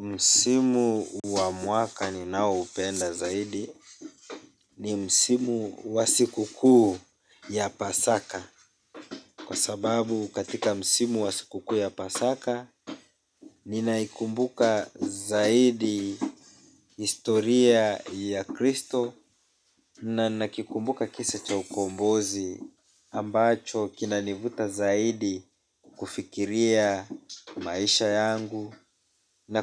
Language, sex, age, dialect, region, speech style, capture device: Swahili, male, 25-34, Kenya - Mombasa, Kenya, relaxed, mobile / Linux / Chrome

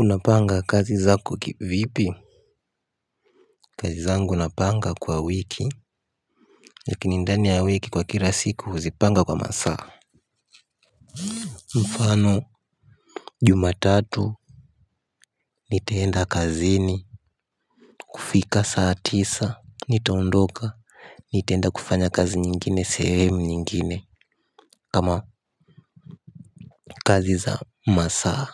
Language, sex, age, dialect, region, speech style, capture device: Swahili, male, 18-24, Tanzania - Dar es Salaam, Tanzania, happy, mobile / Linux / Chrome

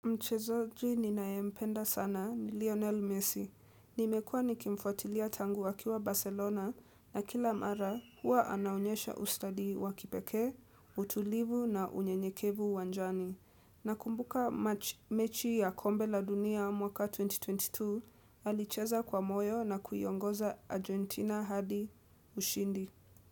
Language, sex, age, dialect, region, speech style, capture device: Swahili, female, 18-24, Kenya - Nairobi Sheng-influenced, Kenya, relaxed, desktop / Windows / Edge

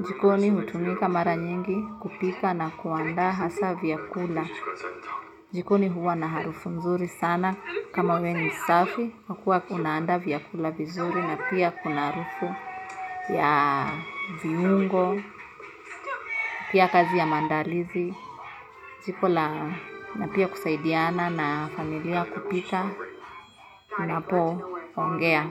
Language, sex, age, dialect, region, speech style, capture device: Swahili, female, 25-34, Kenya - Nairobi Sheng-influenced, Kenya, nervous; happy, mobile / Linux / Chrome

